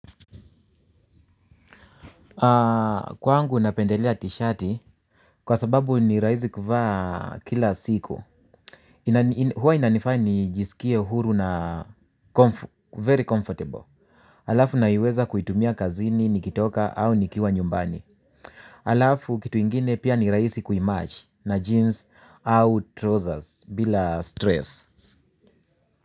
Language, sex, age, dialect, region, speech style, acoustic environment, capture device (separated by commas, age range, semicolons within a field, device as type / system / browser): Swahili, male, 45-54, Kenya - Nairobi Sheng-influenced, Kenya, relaxed, nature, mobile / Linux / Chrome